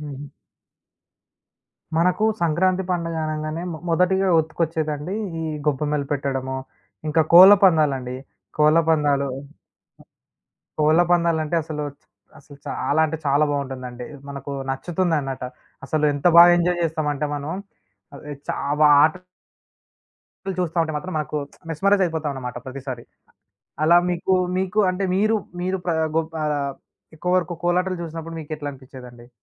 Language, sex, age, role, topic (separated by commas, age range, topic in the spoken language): Telugu, male, 20-24, host, మీరు సంరక్షించదలచుకున్న పండగ రీతి ఏదో చెప్పగలరా?